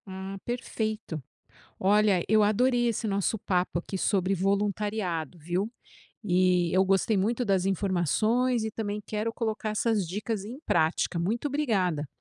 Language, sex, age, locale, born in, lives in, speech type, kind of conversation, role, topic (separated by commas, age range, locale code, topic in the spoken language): Portuguese, female, 50-54, pt-BR, Brazil, United States, read, expert-assistant, asker, Como o voluntariado pode ajudar no desenvolvimento pessoal dos jovens